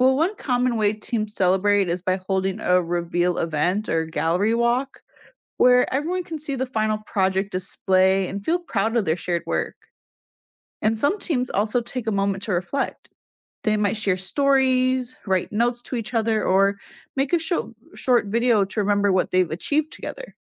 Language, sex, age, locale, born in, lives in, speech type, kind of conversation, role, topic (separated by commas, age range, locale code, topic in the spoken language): English, female, 20-24, en-US, United States, United States, read, expert-assistant, expert, What are some interesting ways people celebrate teamwork and cooperation?